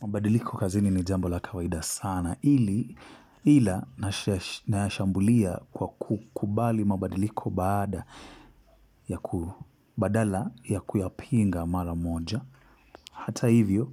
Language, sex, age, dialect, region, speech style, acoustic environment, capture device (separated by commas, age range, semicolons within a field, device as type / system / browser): Swahili, male, 25-34, Kenya - Mombasa, Kenya, happy, silence, mobile / Linux / Chrome